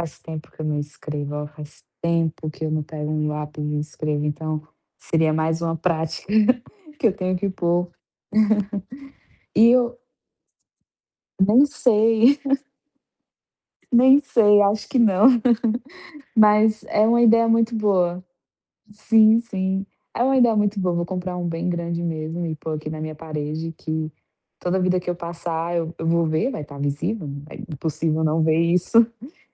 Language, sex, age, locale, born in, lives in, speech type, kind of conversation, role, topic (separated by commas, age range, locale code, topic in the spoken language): Portuguese, female, 25-29, pt-BR, Brazil, Portugal, conversation, advice, user, Como posso organizar o meu dia em blocos de tempo?